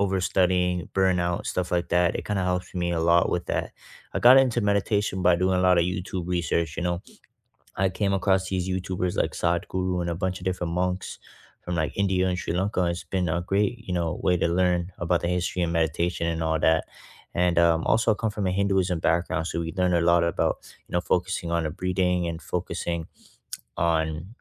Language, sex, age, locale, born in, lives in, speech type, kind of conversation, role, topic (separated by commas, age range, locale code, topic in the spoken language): English, male, 20-24, en-CA, Canada, Canada, conversation, unstructured, none, Which weekend rituals help you reset and recharge, and what makes them meaningful to you?